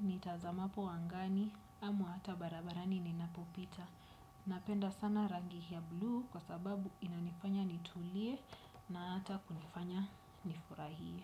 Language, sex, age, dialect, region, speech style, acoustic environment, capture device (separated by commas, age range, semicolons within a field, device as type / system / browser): Swahili, female, 25-34, Kenya - Nairobi Sheng-influenced, Kenya, happy, nature, desktop / Windows / Chrome